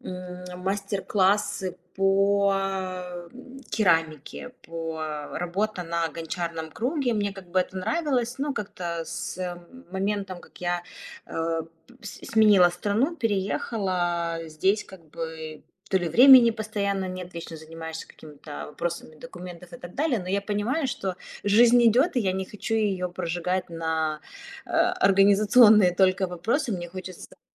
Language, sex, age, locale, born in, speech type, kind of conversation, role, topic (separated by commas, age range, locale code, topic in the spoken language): Russian, female, 35-39, ru-RU, Ukraine, conversation, advice, user, Как найти время и мотивацию для любимого хобби?